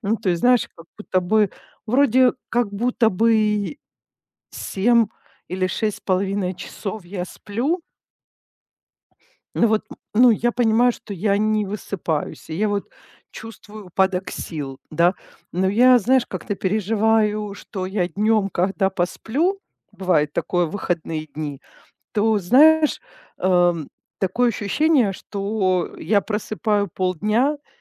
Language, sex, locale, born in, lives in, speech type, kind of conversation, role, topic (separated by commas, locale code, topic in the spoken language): Russian, female, ru-RU, Ukraine, Italy, conversation, advice, user, Как дневной сон может помочь вам восстановить энергию в течение дня?